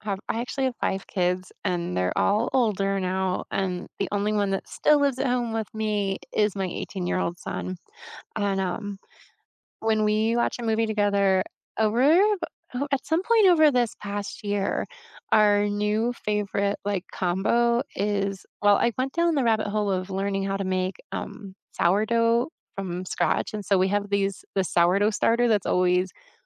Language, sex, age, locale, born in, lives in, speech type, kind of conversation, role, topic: English, female, 50-54, en-US, United States, United States, conversation, unstructured, none, What are your must-have movie snacks, and what memories or rituals make them special?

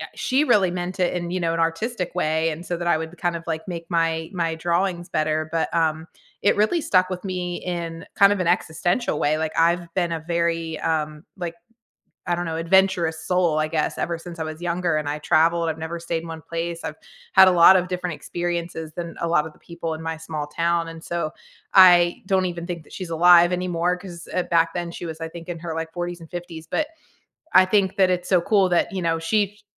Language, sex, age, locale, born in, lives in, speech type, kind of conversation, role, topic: English, female, 40-44, en-US, United States, United States, conversation, unstructured, none, Which teacher has most shaped who you are today, and what moments made the difference?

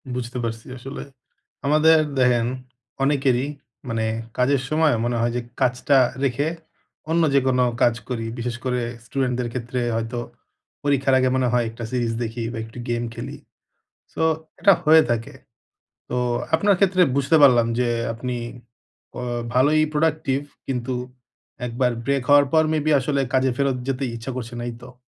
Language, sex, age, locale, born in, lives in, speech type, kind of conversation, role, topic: Bengali, male, 30-34, bn-BD, Bangladesh, Bangladesh, conversation, advice, advisor, মুড বা অনুপ্রেরণার ওঠানামা হলে আমি কীভাবে আমার অভ্যাস ধরে রাখতে পারি?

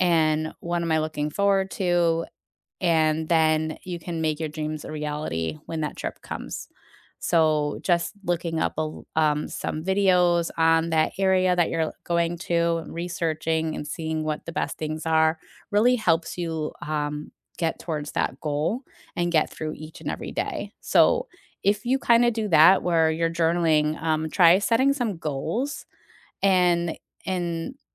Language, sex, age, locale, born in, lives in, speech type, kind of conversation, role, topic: English, female, 40-44, en-US, United States, United States, conversation, unstructured, none, What small daily rituals can make your mornings better?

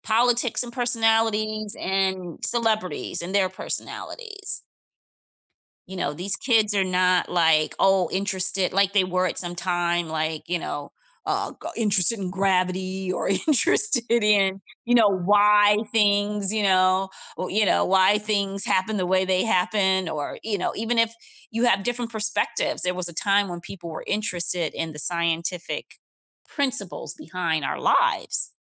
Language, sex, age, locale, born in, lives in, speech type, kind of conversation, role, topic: English, female, 50-54, en-US, United States, United States, conversation, unstructured, none, What role does religion play in people’s everyday lives today?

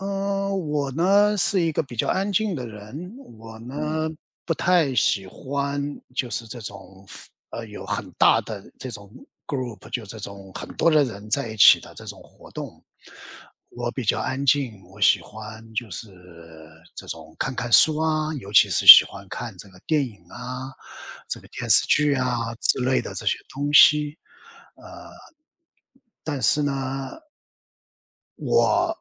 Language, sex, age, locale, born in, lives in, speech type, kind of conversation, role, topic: Chinese, male, 55-59, zh-CN, China, United States, conversation, advice, user, 即将退休后，我该如何充实自己的时间？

